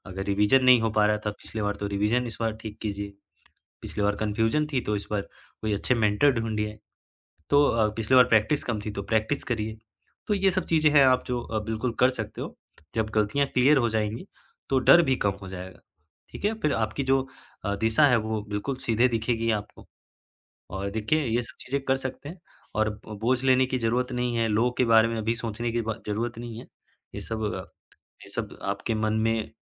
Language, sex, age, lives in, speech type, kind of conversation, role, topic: Hindi, male, 20-24, India, conversation, advice, advisor, मैं अस्वीकृति के डर को कैसे संभालूं और आगे कैसे बढ़ूं?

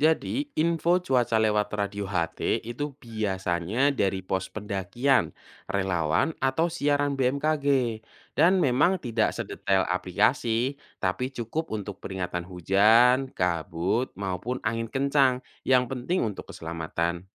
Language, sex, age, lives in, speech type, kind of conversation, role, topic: Indonesian, male, 25-29, Indonesia, read, expert-assistant, expert, Seringkali ramalan cuaca berbeda antara satu aplikasi dengan aplikasi lainnya. Sebenarnya, metode apa yang digunakan untuk membuat prediksi cuaca, dan mengapa hasilnya bisa berbeda-beda?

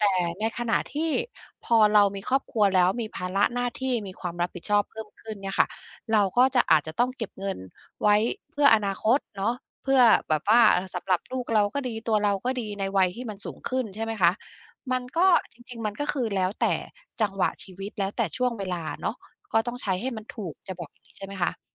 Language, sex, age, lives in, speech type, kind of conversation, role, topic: Thai, female, 50-54, United States, conversation, podcast, host, คุณคิดว่าควรเน้นประหยัดเพื่อเก็บเงินมากกว่าหรือควรใช้ชีวิตตอนนี้มากกว่ากัน?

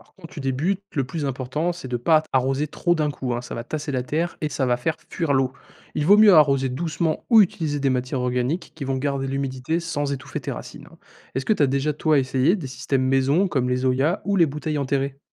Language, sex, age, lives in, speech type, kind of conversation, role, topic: French, male, 20-24, France, read, expert-assistant, expert, Quelles astuces simples les agriculteurs utilisent-ils pour protéger leurs cultures en période de sécheresse ?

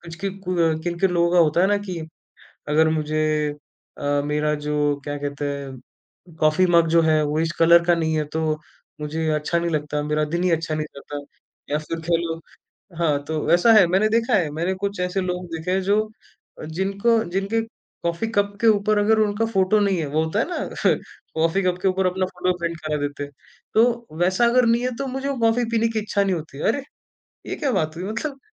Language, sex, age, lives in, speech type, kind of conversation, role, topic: Hindi, male, 20-24, India, conversation, podcast, guest, Minimalism se tumhe kaunsa emotional fayda milta hai?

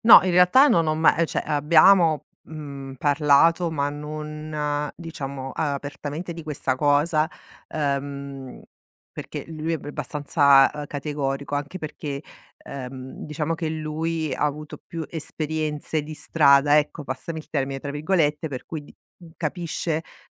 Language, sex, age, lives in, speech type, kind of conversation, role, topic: Italian, female, 45-49, Italy, conversation, advice, user, Come potete affrontare le divergenze tra genitori sul metodo educativo dei figli?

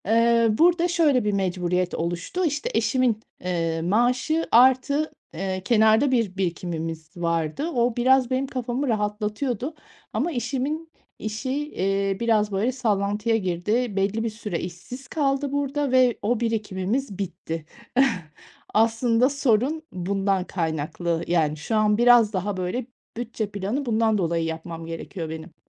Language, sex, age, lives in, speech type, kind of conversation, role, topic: Turkish, female, 40-44, Spain, conversation, advice, user, Aylık bütçeyi nasıl oluşturup sürdürebilirim?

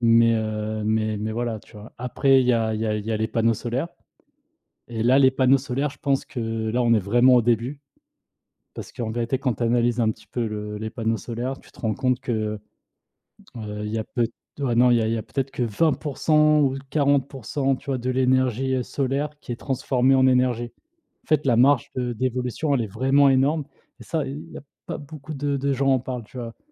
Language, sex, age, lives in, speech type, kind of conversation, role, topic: French, male, 30-34, France, conversation, unstructured, none, Comment vois-tu l’avenir des énergies renouvelables ?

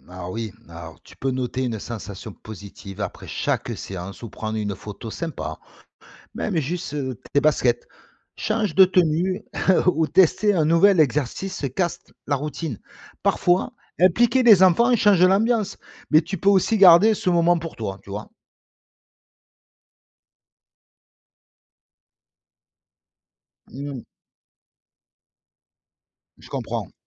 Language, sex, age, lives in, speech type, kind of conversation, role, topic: French, male, 45-49, France, read, expert-assistant, expert, Quels systèmes simples permettent de garder le sport comme une habitude, même quand la vie devient compliquée ?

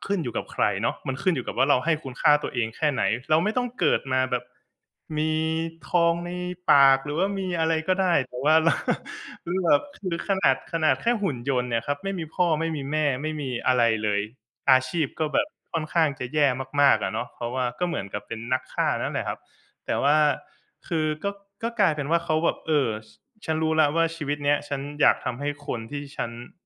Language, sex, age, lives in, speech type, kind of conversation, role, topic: Thai, male, 25-29, Thailand, conversation, podcast, guest, มีเพลงหรือภาพยนตร์เรื่องไหนที่ทำให้คุณรู้สึกว่าเห็นตัวเองชัดเจนไหม และอยากเล่าให้ฟังไหม?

